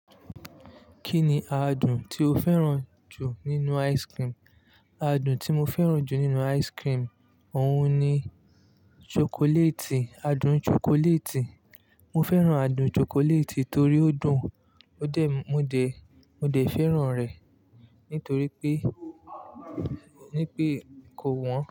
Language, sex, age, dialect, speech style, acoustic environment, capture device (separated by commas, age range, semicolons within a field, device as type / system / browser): Yoruba, male, 25-34, Nigeria - Lagos Yoruba, relaxed, nature; people talking, mobile / Linux / Chrome